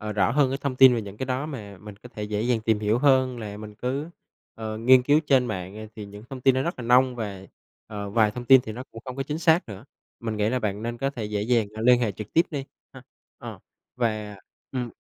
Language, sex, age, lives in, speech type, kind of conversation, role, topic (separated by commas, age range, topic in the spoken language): Vietnamese, male, 25-29, Vietnam, conversation, advice, advisor, Bạn quyết định học lại để lấy bằng mới vì lý do gì?